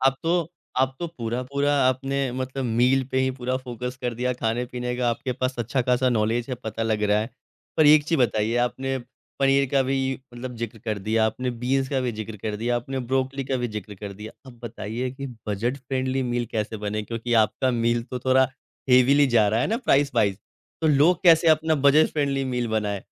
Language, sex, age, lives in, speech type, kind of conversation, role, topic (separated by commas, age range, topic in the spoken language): Hindi, male, 25-29, India, conversation, podcast, host, Meal planning aur fridge organisation tum kaise karte ho?